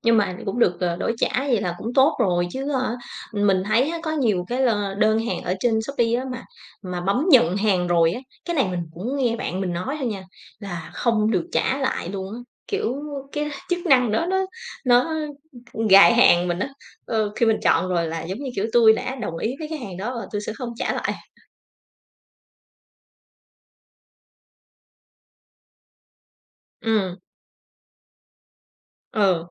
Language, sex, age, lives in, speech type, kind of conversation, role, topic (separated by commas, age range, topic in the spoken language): Vietnamese, female, 35-39, Vietnam, conversation, podcast, host, Thói quen mua sắm trực tuyến của bạn như thế nào?